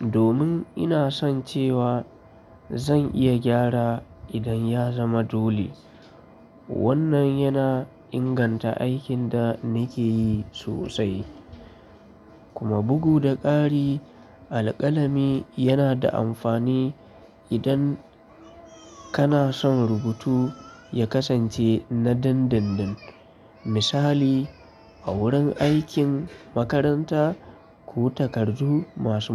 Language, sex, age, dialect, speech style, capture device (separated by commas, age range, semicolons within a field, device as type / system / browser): Hausa, male, 25-34, Nigeria - Kano, happy; relaxed; focused, mobile / Linux / Chrome